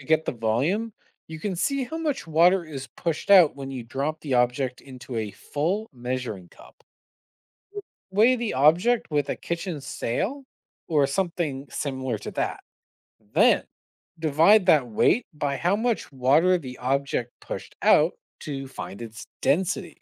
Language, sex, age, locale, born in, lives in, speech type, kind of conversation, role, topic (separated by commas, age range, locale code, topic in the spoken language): English, male, 25-29, en-CA, Canada, United States, read, expert-assistant, expert, Why do some objects sink while others float?